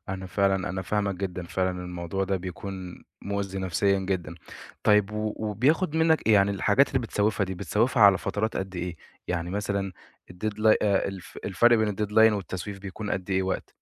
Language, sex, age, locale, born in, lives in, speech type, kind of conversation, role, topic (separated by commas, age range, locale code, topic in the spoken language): Arabic, male, 20-24, ar-EG, Egypt, Egypt, conversation, advice, advisor, إزاي أتعامل مع تراجع أدائي في الشغل بسبب التسويف المتكرر؟